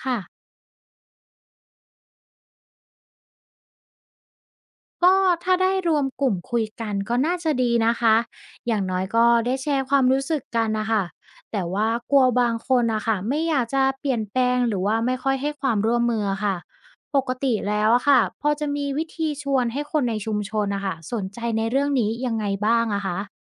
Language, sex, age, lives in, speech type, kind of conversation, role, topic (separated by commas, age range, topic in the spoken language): Thai, female, 25-29, Thailand, read, expert-assistant, asker, คนบอกว่าพื้นที่ชายฝั่งไทยกำลังจะจมหายไปเร็ว ๆ นี้ เพราะระดับน้ำทะเลสูงขึ้น จริงหรือเปล่า?